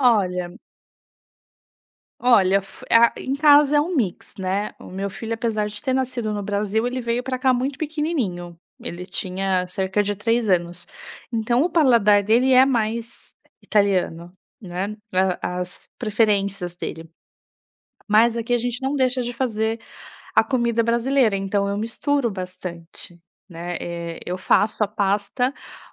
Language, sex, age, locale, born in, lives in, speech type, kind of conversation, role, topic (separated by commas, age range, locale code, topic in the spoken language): Portuguese, female, 35-39, pt-BR, Brazil, Italy, conversation, podcast, guest, O que te atrai em cozinhar para amigos e família?